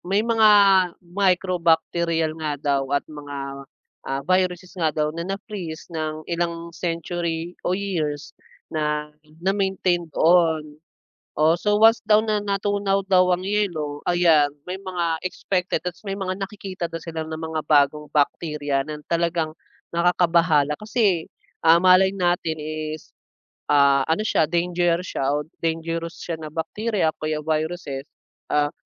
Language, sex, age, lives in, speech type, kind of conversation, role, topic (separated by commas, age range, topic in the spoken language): Filipino, male, 25-29, Philippines, conversation, unstructured, none, Ano ang palagay mo sa mga pinakabagong balita tungkol sa klima?